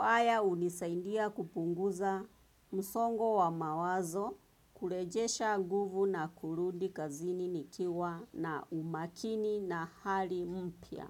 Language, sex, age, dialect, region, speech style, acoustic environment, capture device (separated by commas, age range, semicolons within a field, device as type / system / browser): Swahili, female, 25-34, Kenya - Nairobi Sheng-influenced, Kenya, focused; relaxed, nature; traffic, desktop / Windows / Chrome